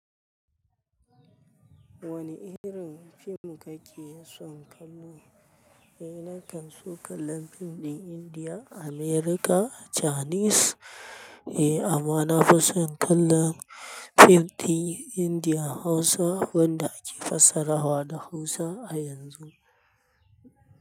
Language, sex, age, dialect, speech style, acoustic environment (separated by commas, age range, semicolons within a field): Hausa, male, 25-34, Nigeria - Kano, anxious; happy, people talking; nature